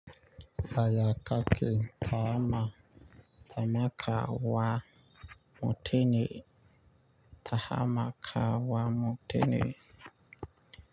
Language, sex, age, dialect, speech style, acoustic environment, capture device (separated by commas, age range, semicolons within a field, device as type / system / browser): Hausa, male, 25-34, Nigeria - Nigerian Standard, relaxed, nature, mobile / Linux / Chrome